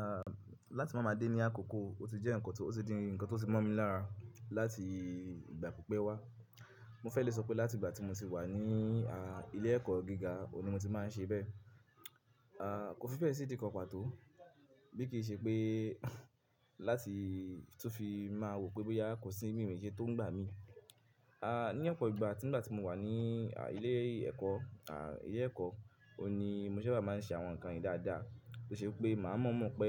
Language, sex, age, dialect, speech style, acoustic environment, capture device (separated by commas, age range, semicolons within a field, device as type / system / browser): Yoruba, male, 25-34, Nigeria - Ibadan, focused, music; people talking; silence, mobile / Linux / Chrome